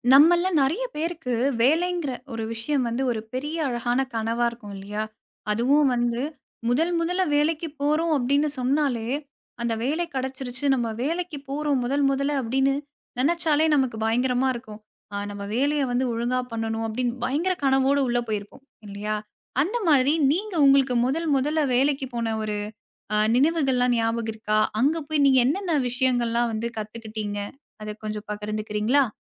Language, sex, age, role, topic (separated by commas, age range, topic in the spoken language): Tamil, female, 25-29, host, முதல் முறையாக வேலைக்கு சேர்ந்த பிறகு உங்களுக்குள் என்ன மாற்றங்கள் ஏற்பட்டன?